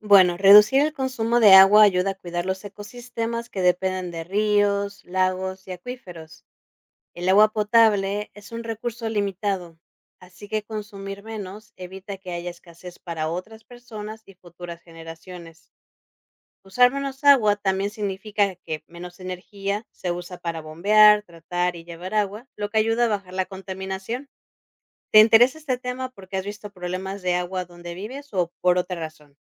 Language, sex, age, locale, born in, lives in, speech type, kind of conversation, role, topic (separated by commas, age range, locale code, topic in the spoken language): Spanish, female, 30-34, es-MX, Mexico, Mexico, read, expert-assistant, expert, ¿Por qué es fundamental reducir el consumo de agua?